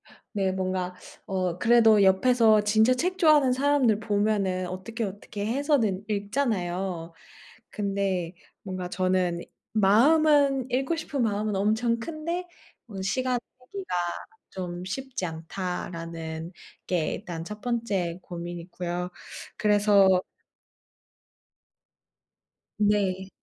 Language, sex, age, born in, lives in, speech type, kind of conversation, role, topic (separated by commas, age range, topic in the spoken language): Korean, female, 30-34, South Korea, Japan, conversation, advice, user, 독서 시간을 꾸준히 정하려고 했는데 자꾸 실패하는 이유가 무엇인가요?